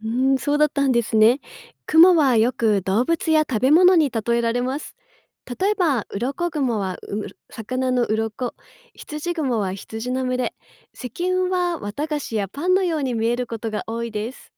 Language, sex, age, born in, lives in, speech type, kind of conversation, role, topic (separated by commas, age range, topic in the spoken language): Japanese, female, 35-39, Japan, Japan, read, expert-assistant, expert, 雲の種類を動物や日常の物に例えると、どんな例が思い浮かびますか？